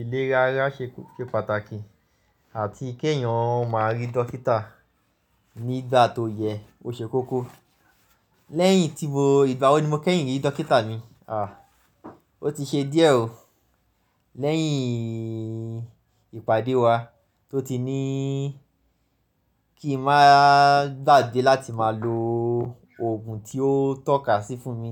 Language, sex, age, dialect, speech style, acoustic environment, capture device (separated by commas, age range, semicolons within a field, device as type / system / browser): Yoruba, male, 25-34, Nigeria - Lagos Yoruba, focused, nature, mobile / Linux / Chrome